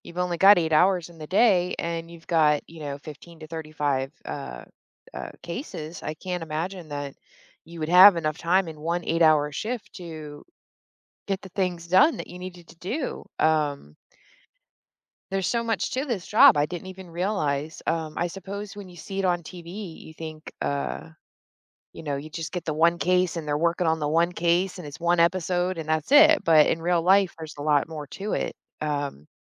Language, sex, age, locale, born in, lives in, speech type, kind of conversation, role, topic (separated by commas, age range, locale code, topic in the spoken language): English, female, 35-39, en-US, Germany, United States, conversation, podcast, host, How have difficult experiences shaped your professional journey?